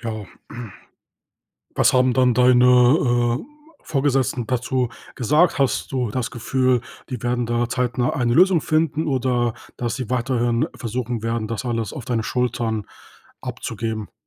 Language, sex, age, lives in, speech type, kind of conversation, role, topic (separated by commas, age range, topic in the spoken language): German, male, 30-34, Germany, conversation, podcast, host, Wie findest du die Balance zwischen Arbeit und Freizeit?